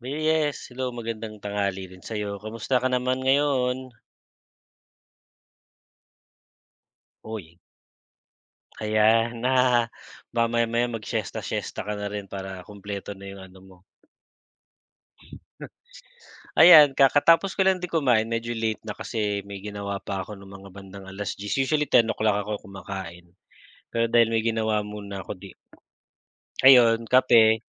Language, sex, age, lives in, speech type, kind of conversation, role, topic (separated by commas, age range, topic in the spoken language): Filipino, male, 40-44, Philippines, conversation, unstructured, none, Ano ang pinakamasayang okasyon na palagi mong naaalala?